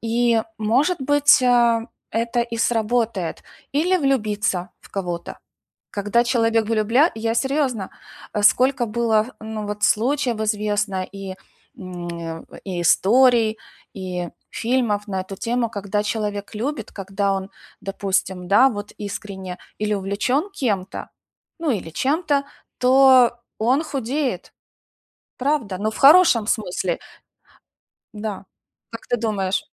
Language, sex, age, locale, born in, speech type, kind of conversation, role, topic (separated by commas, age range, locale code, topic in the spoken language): Russian, female, 45-49, ru-UA, Ukraine, conversation, advice, advisor, Как снова найти мотивацию после того, как прогресс остановился?